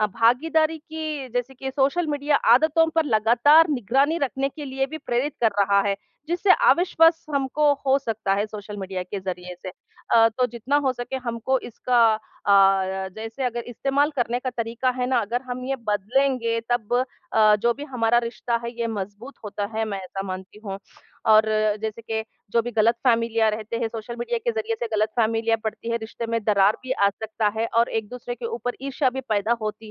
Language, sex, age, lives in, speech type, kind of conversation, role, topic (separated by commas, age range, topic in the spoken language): Hindi, female, 40-44, India, conversation, unstructured, none, क्या आपको लगता है कि सामाजिक माध्यमों ने हमारे रिश्तों को कैसे बदला है?